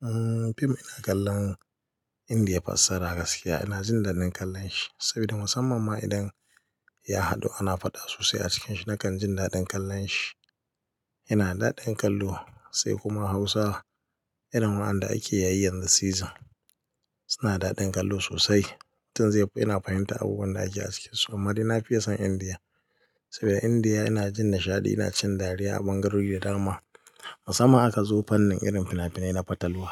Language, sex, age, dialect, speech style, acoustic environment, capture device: Hausa, male, 25-34, Nigeria - Katsina, happy, people talking, mobile / Linux / Chrome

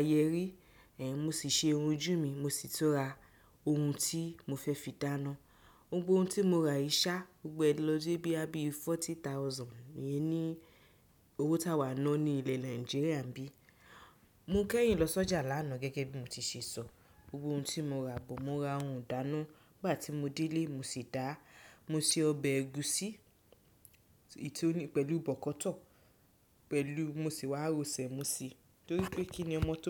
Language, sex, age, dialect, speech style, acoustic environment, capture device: Yoruba, female, 25-34, Nigeria - Nigerian Standard, focused, people talking, desktop / Windows / Chrome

